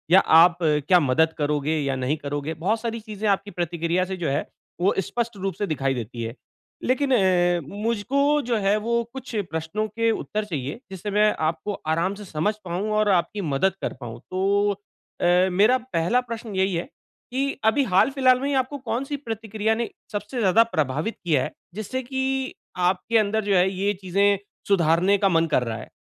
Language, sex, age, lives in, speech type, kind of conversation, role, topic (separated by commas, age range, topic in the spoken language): Hindi, male, 40-44, India, conversation, advice, advisor, मैं प्रतिक्रिया का उपयोग करके खुद को कैसे सुधार सकता/सकती हूँ?